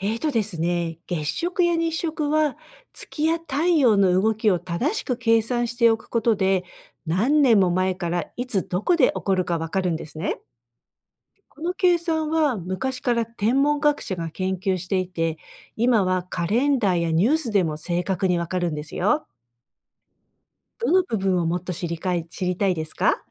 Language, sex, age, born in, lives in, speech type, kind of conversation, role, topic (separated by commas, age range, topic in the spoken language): Japanese, female, 55-59, Japan, United States, read, expert-assistant, expert, なぜ月は形を変えるように見えるのか